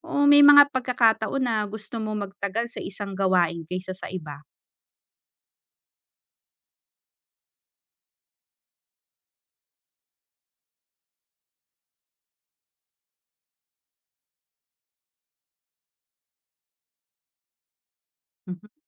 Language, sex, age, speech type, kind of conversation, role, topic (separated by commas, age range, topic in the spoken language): Filipino, female, 35-39, read, expert-assistant, expert, Paano makakatulong ang mga aral ng ebolusyon at pag-aangkop sa pagharap sa stress araw-araw?